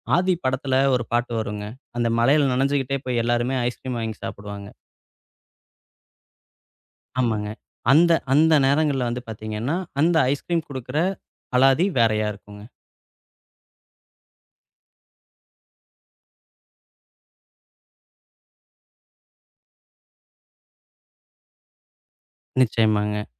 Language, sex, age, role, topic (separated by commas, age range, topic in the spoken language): Tamil, male, 35-39, guest, மழை பெய்யும் நேரத்தில் நீங்கள் கேட்கும்போது மனம் அமைதியாகும் பாடல் எது?